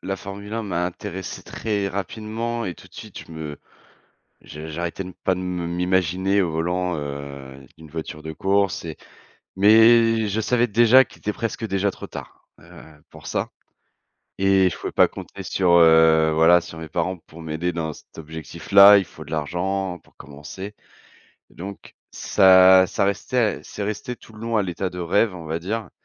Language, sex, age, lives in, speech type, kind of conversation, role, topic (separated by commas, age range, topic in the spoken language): French, male, 30-34, France, conversation, podcast, guest, Quel moment t’a fait comprendre ce que tu voulais devenir ?